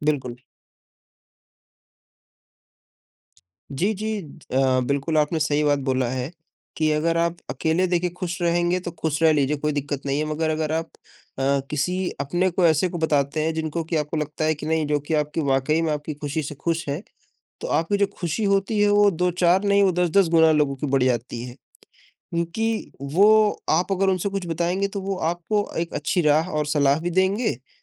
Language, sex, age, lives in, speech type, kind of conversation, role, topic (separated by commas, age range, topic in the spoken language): Hindi, male, 20-24, India, conversation, unstructured, none, क्या आप मानते हैं कि खुशी दूसरों के साथ बाँटने से बढ़ती है?